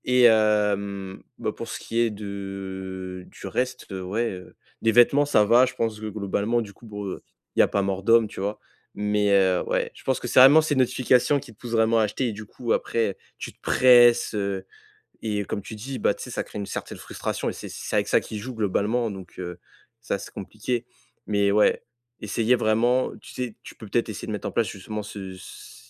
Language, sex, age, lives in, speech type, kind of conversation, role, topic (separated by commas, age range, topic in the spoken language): French, male, 20-24, France, conversation, advice, advisor, Comment puis-je réduire facilement mes achats impulsifs et inutiles ?